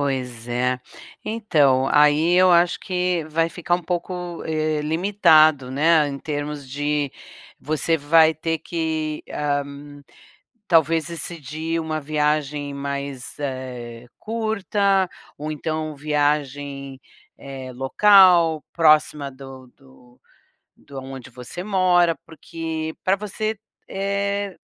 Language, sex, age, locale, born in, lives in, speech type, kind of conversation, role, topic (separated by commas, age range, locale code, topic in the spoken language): Portuguese, female, 60-64, pt-BR, Brazil, United States, conversation, advice, advisor, Como posso viajar mais mesmo com pouco dinheiro disponível?